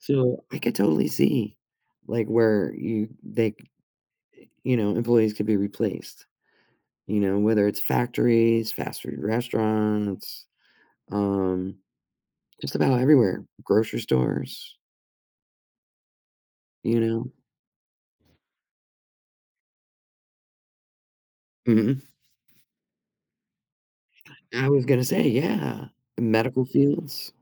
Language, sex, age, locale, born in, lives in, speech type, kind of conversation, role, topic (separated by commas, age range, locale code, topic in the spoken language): English, female, 50-54, en-US, United States, United States, conversation, unstructured, none, How do you think artificial intelligence will change our daily lives in the future?